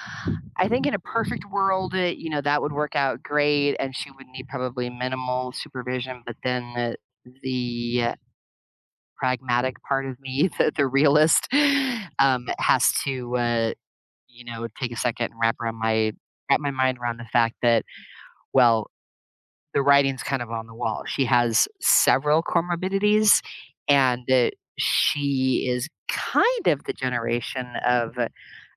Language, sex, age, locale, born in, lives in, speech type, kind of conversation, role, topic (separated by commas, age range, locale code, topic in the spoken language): English, female, 55-59, en-US, United States, United States, conversation, advice, user, How can I address a disagreement with a close friend while preserving our friendship?